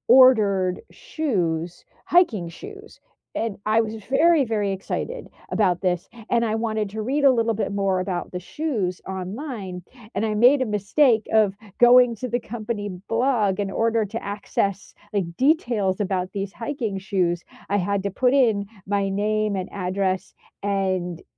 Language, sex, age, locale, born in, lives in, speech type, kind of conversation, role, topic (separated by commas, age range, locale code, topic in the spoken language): English, female, 45-49, en-US, United States, United States, conversation, unstructured, none, Do you think tech companies should be more honest with users?